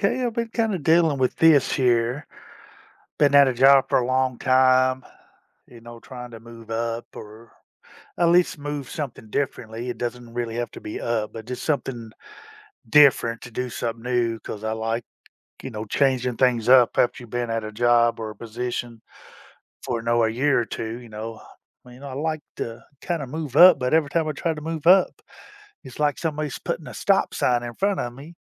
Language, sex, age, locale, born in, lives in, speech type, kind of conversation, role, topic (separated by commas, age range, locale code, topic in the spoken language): English, male, 50-54, en-US, United States, United States, conversation, advice, user, How can I address feeling unappreciated at work?